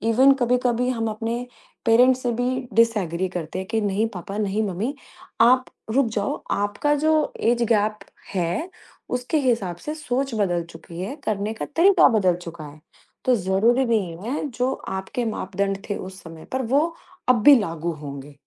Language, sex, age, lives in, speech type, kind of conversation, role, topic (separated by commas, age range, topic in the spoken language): Hindi, female, 35-39, India, conversation, podcast, guest, Jab tumhe kisi ki feelings samajhni hoti hain, toh tum usually kya tareeka apnaate ho?